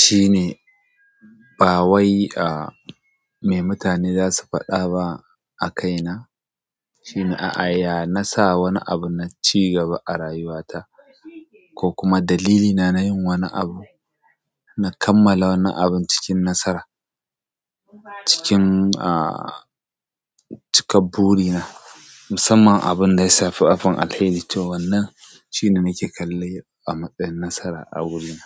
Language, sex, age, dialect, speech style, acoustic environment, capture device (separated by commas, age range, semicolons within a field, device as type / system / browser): Hausa, male, 25-34, Nigeria - Kano, relaxed; happy, silence; people talking, mobile / Android / Chrome